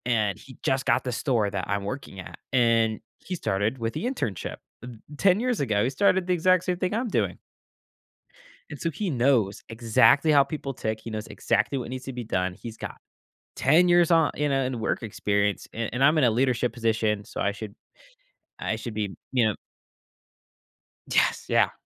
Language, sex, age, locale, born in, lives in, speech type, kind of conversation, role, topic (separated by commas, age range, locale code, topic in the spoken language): English, male, 18-19, en-US, United States, United States, conversation, podcast, guest, How can effective leadership shape a positive work environment and team success?